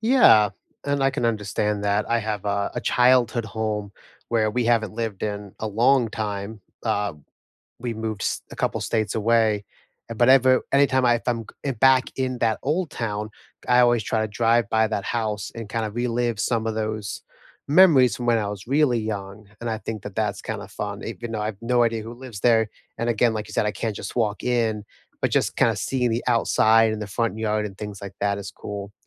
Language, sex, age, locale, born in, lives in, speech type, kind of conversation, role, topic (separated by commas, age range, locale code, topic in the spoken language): English, male, 25-29, en-US, United States, United States, conversation, unstructured, none, Where do you feel most at home, and why?